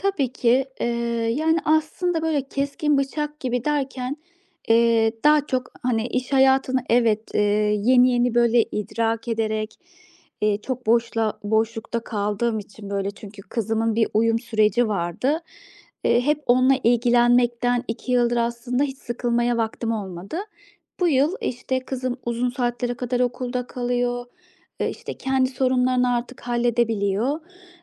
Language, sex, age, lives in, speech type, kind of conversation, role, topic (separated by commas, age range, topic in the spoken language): Turkish, female, 35-39, Austria, conversation, advice, user, Zamanımı ve önceliklerimi yeni yaşam rollerime göre nasıl yeniden düzenleyebilirim?